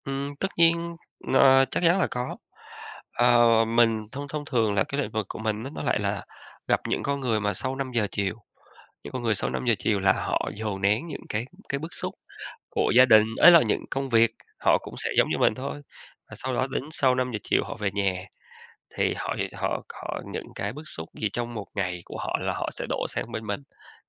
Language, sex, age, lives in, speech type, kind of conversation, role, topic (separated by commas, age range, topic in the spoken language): Vietnamese, male, 35-39, Vietnam, conversation, podcast, guest, Làm thế nào để giữ ranh giới giữa công việc và đời sống riêng tư?